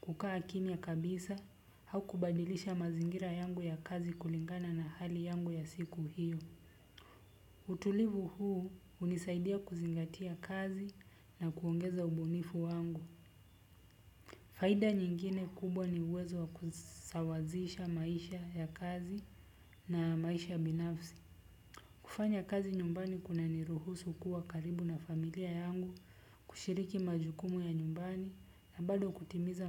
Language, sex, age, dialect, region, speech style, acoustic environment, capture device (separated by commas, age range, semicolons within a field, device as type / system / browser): Swahili, male, 25-34, Kenya - Nairobi Sheng-influenced, Kenya, relaxed, silence, desktop / Windows / Chrome